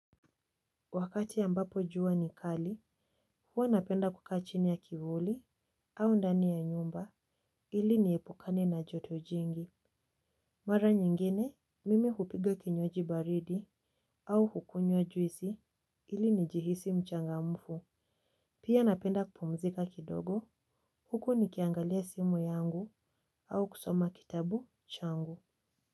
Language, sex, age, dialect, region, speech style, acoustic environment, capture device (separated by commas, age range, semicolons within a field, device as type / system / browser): Swahili, female, 18-24, Kenya - Nairobi Sheng-influenced, Kenya, happy, silence, mobile / Linux / Chrome